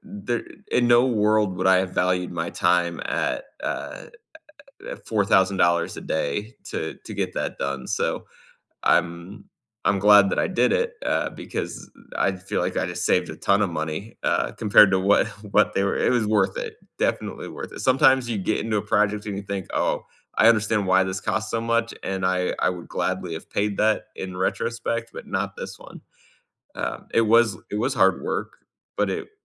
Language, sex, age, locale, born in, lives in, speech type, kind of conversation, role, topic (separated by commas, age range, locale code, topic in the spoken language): English, male, 35-39, en-US, United States, United States, conversation, unstructured, none, Which home DIY project are you proudest of, and what makes it meaningful?